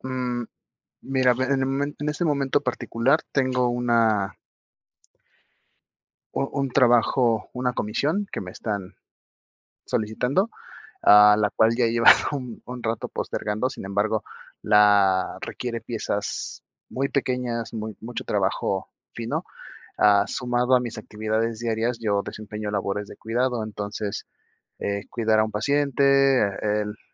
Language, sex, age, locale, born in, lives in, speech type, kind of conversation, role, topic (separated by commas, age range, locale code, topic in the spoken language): Spanish, male, 30-34, es-MX, Mexico, Mexico, conversation, advice, user, ¿Cómo puedo planear una rutina para evitar el agotamiento?